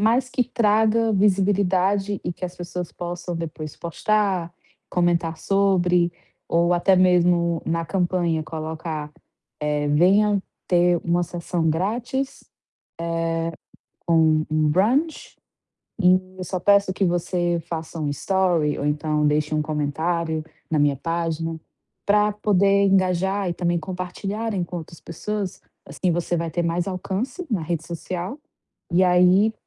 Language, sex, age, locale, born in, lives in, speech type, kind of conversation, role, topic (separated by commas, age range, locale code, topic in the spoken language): Portuguese, female, 25-29, pt-BR, Brazil, Portugal, conversation, advice, advisor, Como posso atrair meus primeiros clientes para o meu negócio?